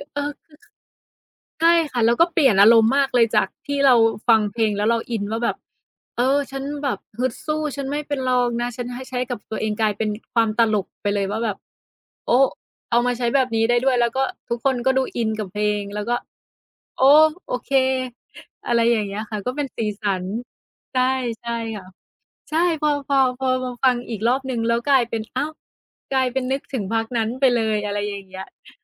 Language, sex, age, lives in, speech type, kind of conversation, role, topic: Thai, female, 30-34, Thailand, conversation, unstructured, none, คุณคิดว่าเพลงมีบทบาทอย่างไรในชีวิตประจำวัน?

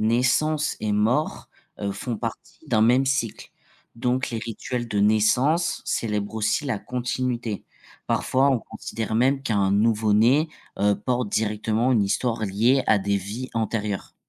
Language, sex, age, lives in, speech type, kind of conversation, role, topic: French, male, 25-29, France, read, expert-assistant, expert, Comment les religions influencent-elles notre vision de la vie après la mort ?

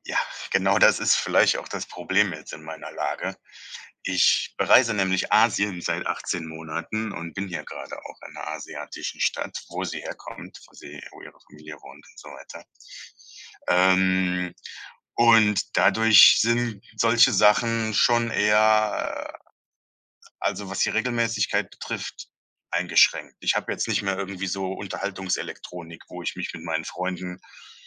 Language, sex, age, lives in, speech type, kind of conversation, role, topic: German, male, 35-39, Germany, conversation, advice, user, Wie finde ich innere Ruhe in einer unsicheren Lebensphase?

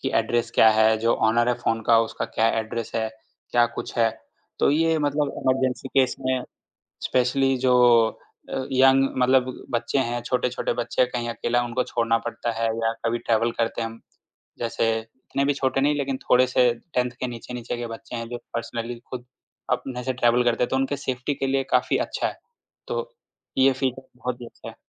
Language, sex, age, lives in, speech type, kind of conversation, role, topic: Hindi, male, 25-29, India, conversation, podcast, guest, Apne phone ka sabse kaam ka feature kaunsa hai, aur kyun?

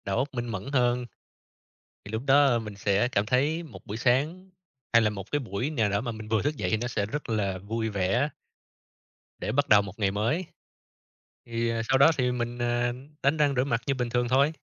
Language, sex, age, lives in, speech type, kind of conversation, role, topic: Vietnamese, male, 30-34, Vietnam, conversation, unstructured, none, Bạn thường bắt đầu ngày mới như thế nào để tràn đầy năng lượng?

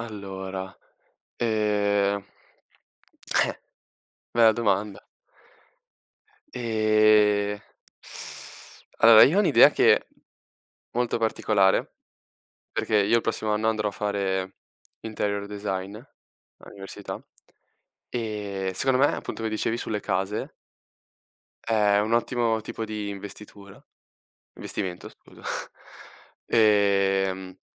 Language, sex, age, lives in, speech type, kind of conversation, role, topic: Italian, male, 18-19, Italy, conversation, unstructured, none, Preferisci risparmiare o spendere subito?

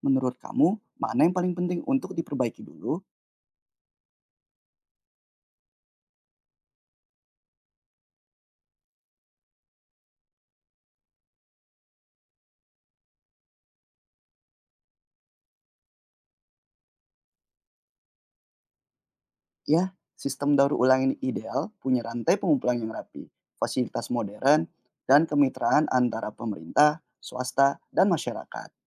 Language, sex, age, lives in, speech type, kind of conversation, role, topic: Indonesian, male, 25-29, Indonesia, read, expert-assistant, expert, Apa solusi terbaik untuk mengatasi pencemaran plastik di laut dan bagaimana implementasinya di Indonesia?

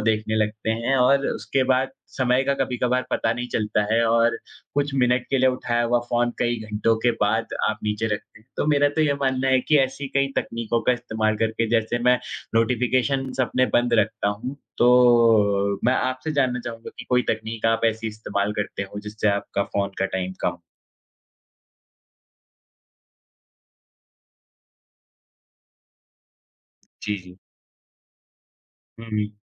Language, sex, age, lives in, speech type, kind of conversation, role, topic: Hindi, male, 20-24, India, conversation, unstructured, none, आप अपने जीवन में स्क्रीन समय को कैसे संतुलित करते हैं?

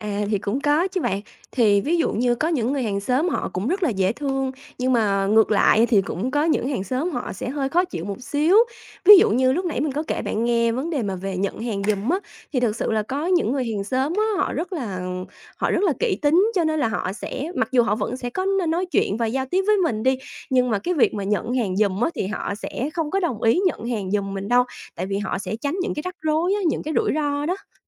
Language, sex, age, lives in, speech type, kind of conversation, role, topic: Vietnamese, female, 30-34, Vietnam, conversation, podcast, guest, Bạn thường làm gì để kết nối với hàng xóm xung quanh mình?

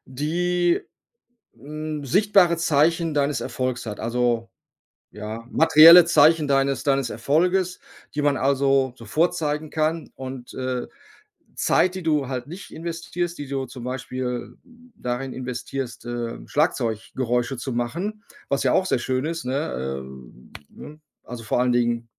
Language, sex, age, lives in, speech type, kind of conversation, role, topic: German, male, 55-59, Germany, conversation, advice, advisor, Wie kann ich meine Freizeit genießen, ohne mich unter Leistungsdruck zu setzen?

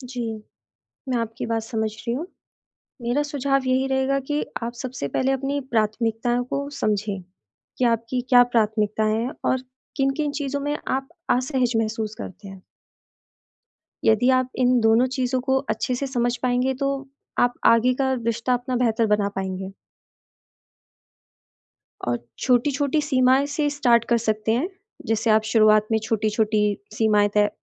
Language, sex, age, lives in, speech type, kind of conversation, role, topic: Hindi, female, 25-29, India, conversation, advice, advisor, मैं नए रिश्ते में सही सीमाएँ कैसे तय करूँ?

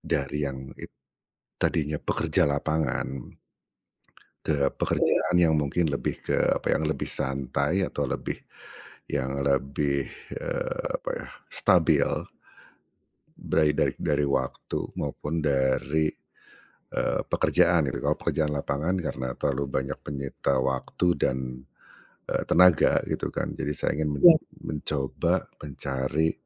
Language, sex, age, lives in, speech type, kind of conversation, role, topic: Indonesian, male, 45-49, Indonesia, conversation, advice, user, Bagaimana cara saya mengganti karier agar bisa menjalani hidup yang lebih seimbang?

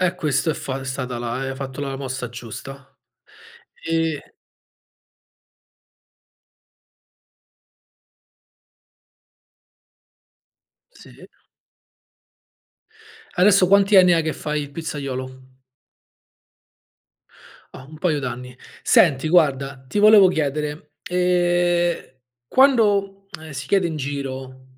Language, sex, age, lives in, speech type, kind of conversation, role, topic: Italian, male, 35-39, Italy, conversation, podcast, host, Da dove prendi ispirazione, di solito?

